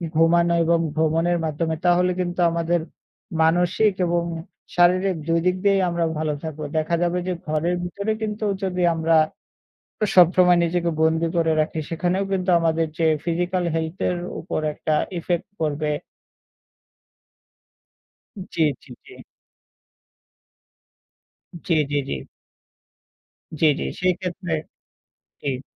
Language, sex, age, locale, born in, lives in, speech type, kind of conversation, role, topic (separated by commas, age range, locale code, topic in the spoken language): Bengali, male, 20-24, bn-BD, Bangladesh, Bangladesh, conversation, unstructured, none, ছুটির দিনে তুমি কী করতে বেশি পছন্দ করো—ঘুমানো নাকি ভ্রমণ করা?